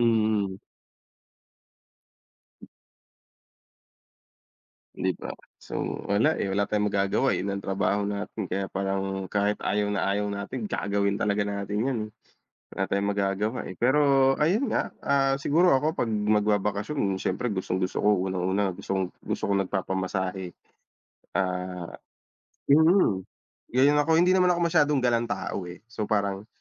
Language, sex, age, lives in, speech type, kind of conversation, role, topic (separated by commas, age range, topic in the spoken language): Filipino, male, 30-34, Philippines, conversation, unstructured, none, Ano ang mga bagay na gustong-gusto mong gawin kapag nagbabakasyon?